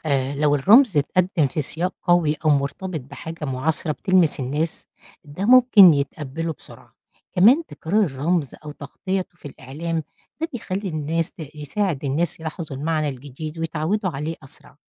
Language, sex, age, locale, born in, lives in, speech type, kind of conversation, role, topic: Arabic, female, 50-54, ar-EG, Egypt, United States, read, expert-assistant, expert, إزاي الألوان والخطوط بتأثر على الإحساس اللي بتدينا اللوحة؟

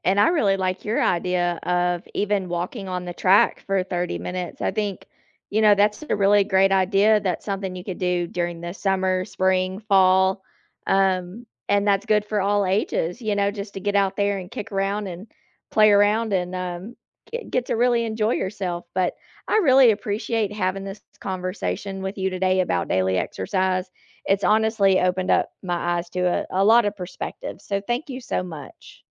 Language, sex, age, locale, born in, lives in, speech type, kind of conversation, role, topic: English, female, 35-39, en-US, United States, United States, conversation, unstructured, none, Should schools require daily exercise for all students?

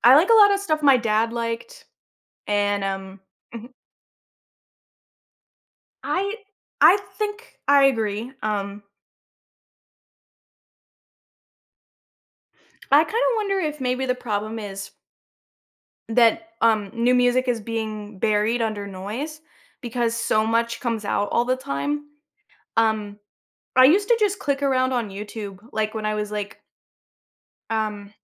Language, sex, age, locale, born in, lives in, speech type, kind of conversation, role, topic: English, female, 25-29, en-US, United States, United States, conversation, podcast, guest, How does music shape your routines and experiences each day?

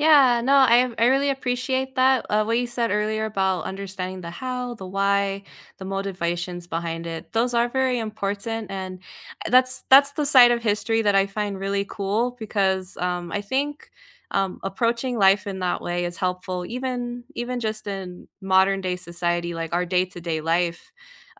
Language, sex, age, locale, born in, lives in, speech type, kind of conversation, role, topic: English, female, 25-29, en-US, United States, United States, conversation, unstructured, none, How do you like to learn about history, and how does it help you feel connected?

